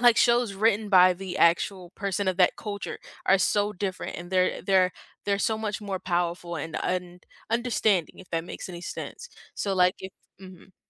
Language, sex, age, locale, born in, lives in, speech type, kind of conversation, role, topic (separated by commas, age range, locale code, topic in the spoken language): English, female, 18-19, en-US, United States, United States, conversation, unstructured, none, Should movies include more diverse characters and stories?